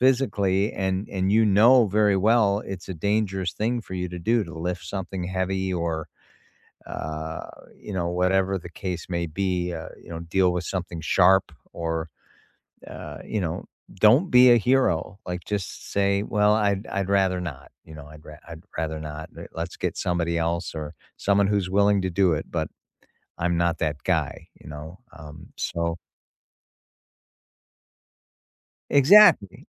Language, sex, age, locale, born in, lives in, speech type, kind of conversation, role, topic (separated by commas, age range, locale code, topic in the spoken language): English, male, 55-59, en-US, United States, United States, conversation, unstructured, none, What’s a lesson you learned the hard way but still value?